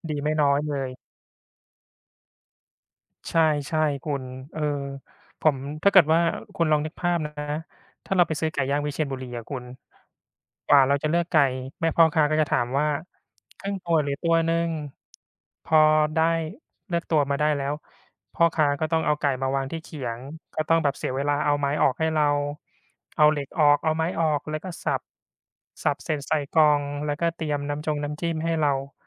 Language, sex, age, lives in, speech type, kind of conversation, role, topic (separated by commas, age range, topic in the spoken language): Thai, male, 35-39, Thailand, conversation, unstructured, none, อะไรที่ทำให้อาหารท้องถิ่นมีความพิเศษสำหรับคุณ?